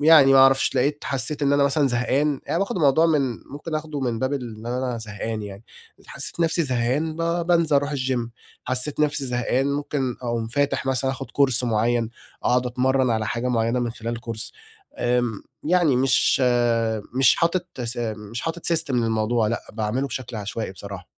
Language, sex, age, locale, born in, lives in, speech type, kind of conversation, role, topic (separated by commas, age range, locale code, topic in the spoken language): Arabic, male, 25-29, ar-EG, Egypt, Egypt, conversation, advice, user, إزاي أوازن بين التمرين والراحة من غير ما أتعرض للإرهاق؟